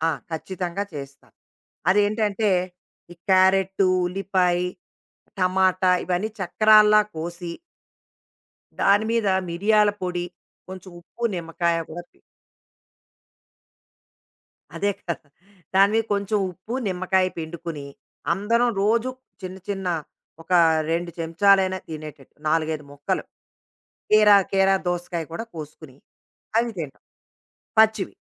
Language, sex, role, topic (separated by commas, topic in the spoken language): Telugu, female, guest, ఆరోగ్య రొటీన్‌లో మీరు శీఘ్ర ఫలితాలకే ప్రాధాన్యత ఇస్తారా, లేక దీర్ఘకాలిక ఆరోగ్యానికే ఎక్కువ విలువ ఇస్తారా?